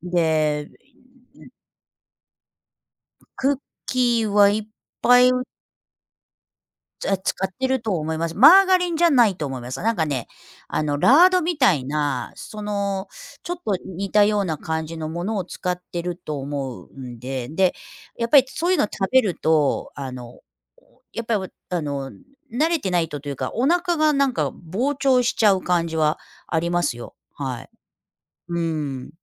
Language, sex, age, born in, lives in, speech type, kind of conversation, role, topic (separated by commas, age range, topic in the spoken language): Japanese, female, 55-59, Japan, United States, conversation, unstructured, none, 外食の安全性について不安に感じることはありますか？